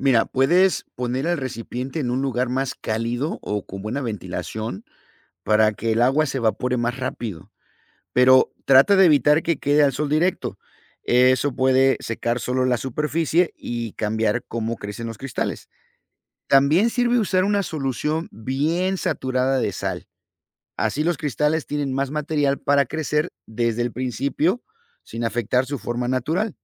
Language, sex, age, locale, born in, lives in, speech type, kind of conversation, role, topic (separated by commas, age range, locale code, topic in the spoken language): Spanish, male, 50-54, es-MX, United States, United States, read, expert-assistant, expert, ¿Cómo se forman los cristales de sal?